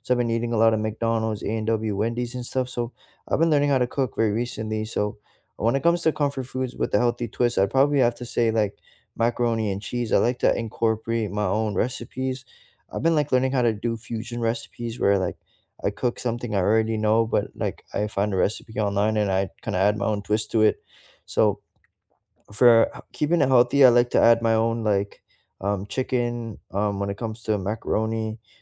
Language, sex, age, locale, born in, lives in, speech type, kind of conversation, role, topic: English, male, 20-24, en-CA, Canada, Canada, conversation, unstructured, none, What are your favorite comfort foods with a healthy twist?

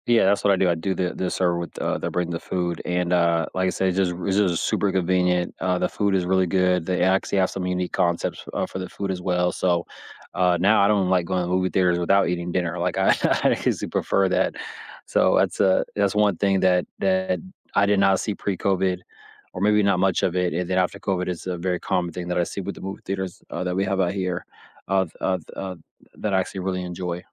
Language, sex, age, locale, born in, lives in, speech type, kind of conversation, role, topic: English, male, 35-39, en-US, United States, United States, conversation, unstructured, none, What was the first movie that made you fall in love with going to the theater, and how does the theater experience compare with watching at home?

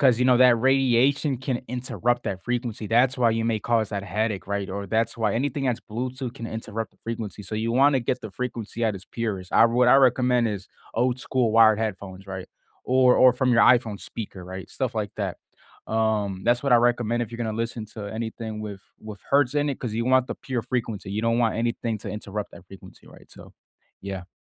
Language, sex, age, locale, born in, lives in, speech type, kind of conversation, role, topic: English, male, 20-24, en-US, United States, United States, conversation, unstructured, none, When stress keeps you up, what truly helps you sleep—and how can we support each other?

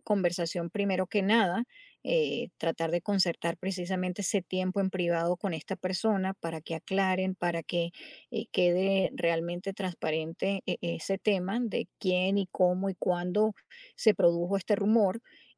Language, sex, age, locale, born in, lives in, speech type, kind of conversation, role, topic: Spanish, female, 50-54, es-US, Venezuela, United States, conversation, advice, advisor, ¿Cómo puedo manejar a un amigo que difunde rumores o chismes sobre mí?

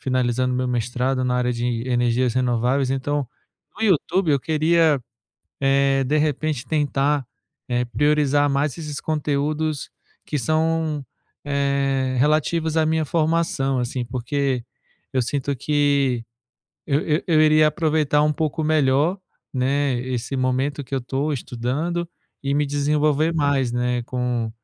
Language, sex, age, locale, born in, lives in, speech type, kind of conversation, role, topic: Portuguese, male, 35-39, pt-BR, Brazil, France, conversation, advice, user, Como posso filtrar e priorizar conteúdos para me inspirar?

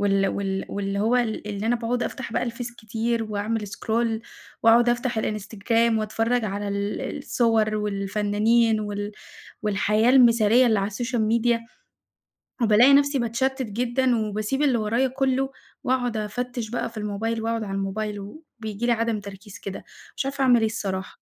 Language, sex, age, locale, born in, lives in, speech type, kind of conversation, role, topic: Arabic, female, 20-24, ar-EG, Egypt, Egypt, conversation, advice, user, إزاي الإشعارات والمشتتات الرقمية بتقطع تركيزك؟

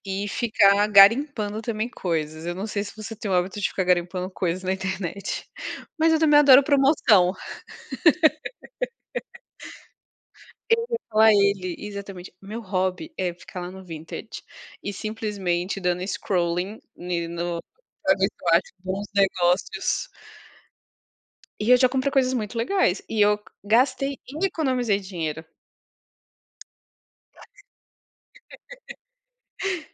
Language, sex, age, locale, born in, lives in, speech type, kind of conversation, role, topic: Portuguese, female, 30-34, pt-BR, Brazil, France, conversation, unstructured, none, O que te deixa mais feliz: gastar dinheiro ou economizar?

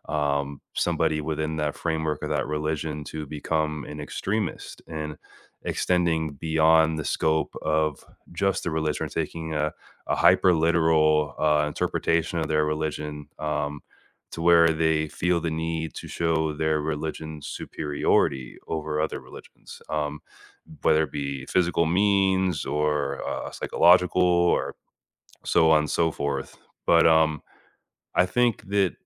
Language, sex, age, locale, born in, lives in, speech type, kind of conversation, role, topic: English, male, 30-34, en-US, United States, United States, conversation, unstructured, none, What are some unexpected similarities between different religions?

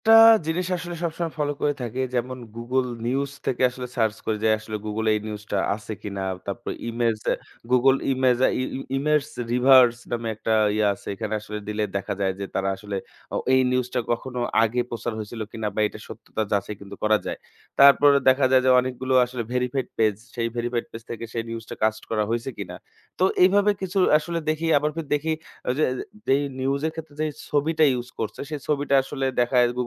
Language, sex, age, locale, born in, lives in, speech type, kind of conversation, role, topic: Bengali, male, 25-29, bn-BD, Bangladesh, Bangladesh, conversation, podcast, guest, ভুয়া খবরের প্রভাব থেকে নিজেকে বাঁচাতে আপনি কী করেন?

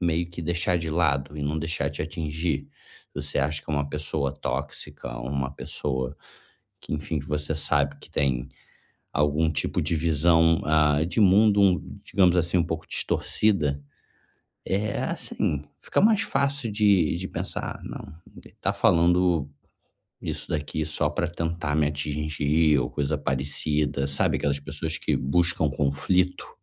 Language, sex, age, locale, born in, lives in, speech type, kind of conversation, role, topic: Portuguese, male, 35-39, pt-BR, Brazil, Germany, conversation, advice, advisor, Como posso filtrar críticas não construtivas sem deixar que elas me abalem?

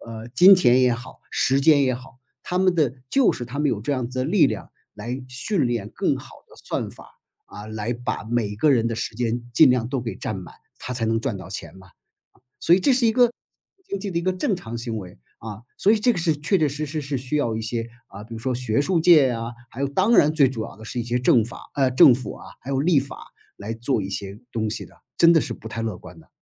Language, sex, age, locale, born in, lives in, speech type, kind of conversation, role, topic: Chinese, male, 55-59, zh-CN, China, United States, conversation, podcast, guest, 你怎么看算法推荐如何改变我们获取内容的方式？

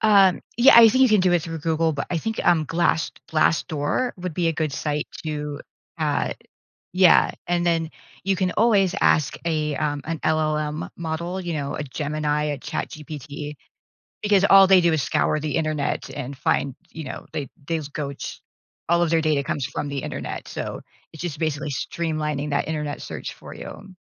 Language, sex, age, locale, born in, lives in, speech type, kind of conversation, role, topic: English, female, 45-49, en-US, United States, United States, conversation, advice, advisor, How do I handle an unexpected promotion and succeed in my new role?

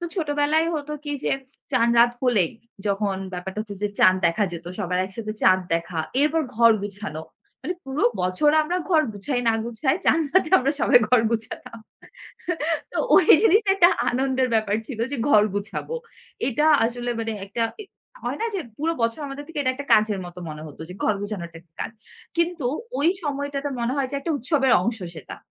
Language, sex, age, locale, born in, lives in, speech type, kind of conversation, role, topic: Bengali, female, 25-29, bn-BD, Bangladesh, Bangladesh, conversation, podcast, guest, কোন উৎসব আপনাকে সবচেয়ে প্রাণবন্ত মনে হয়, এবং কেন?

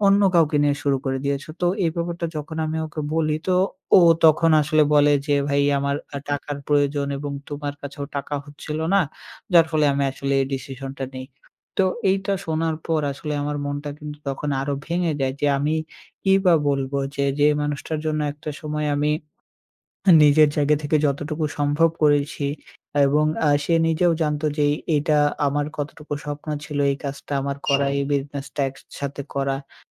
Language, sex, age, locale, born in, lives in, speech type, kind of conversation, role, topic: Bengali, male, 18-19, bn-BD, Bangladesh, Bangladesh, conversation, advice, user, বন্ধুর বিশ্বাসভঙ্গের ব্যাপারে আপনি তার সঙ্গে কীভাবে মুখোমুখি কথা বলবেন?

